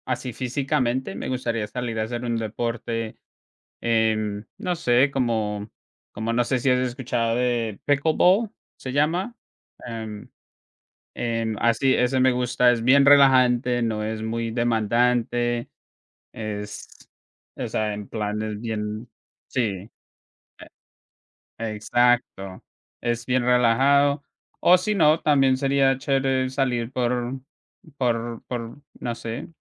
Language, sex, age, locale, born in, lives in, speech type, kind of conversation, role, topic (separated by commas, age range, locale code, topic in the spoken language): Spanish, male, 25-29, es-CO, Colombia, United States, conversation, unstructured, none, ¿Cuál es tu forma favorita de desconectar después de un día largo?